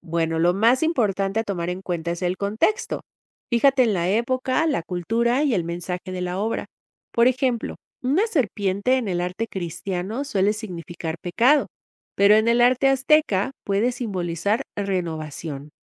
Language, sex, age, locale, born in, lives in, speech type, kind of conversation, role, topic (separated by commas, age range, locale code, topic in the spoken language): Spanish, female, 50-54, es-MX, Mexico, Mexico, read, expert-assistant, expert, ¿Cuáles son las mejores estrategias para aprender sobre la historia de las religiones del mundo de manera sencilla y entretenida?